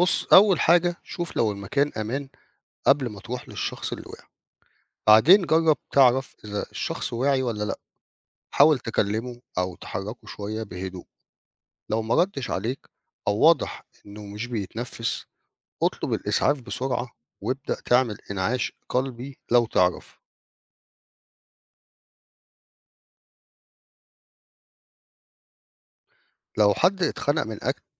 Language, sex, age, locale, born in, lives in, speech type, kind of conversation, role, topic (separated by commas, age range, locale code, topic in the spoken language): Arabic, male, 50-54, ar-EG, Egypt, Portugal, read, expert-assistant, expert, ما هي أهمية تعلم مهارات الإسعافات الأولية؟